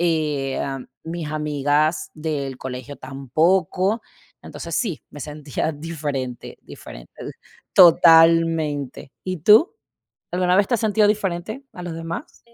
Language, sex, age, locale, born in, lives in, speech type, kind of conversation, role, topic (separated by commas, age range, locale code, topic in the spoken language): Spanish, female, 35-39, es-VE, Venezuela, United States, conversation, unstructured, none, ¿Alguna vez te has sentido diferente a los demás?